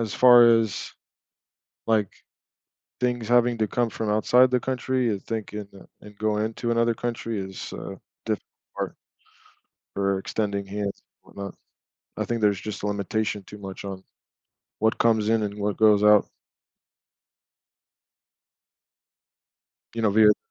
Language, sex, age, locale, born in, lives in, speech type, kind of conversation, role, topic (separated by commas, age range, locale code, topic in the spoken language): English, male, 35-39, en-US, United States, United States, conversation, unstructured, none, What could happen to global health if countries worked together to make vaccines accessible to everyone?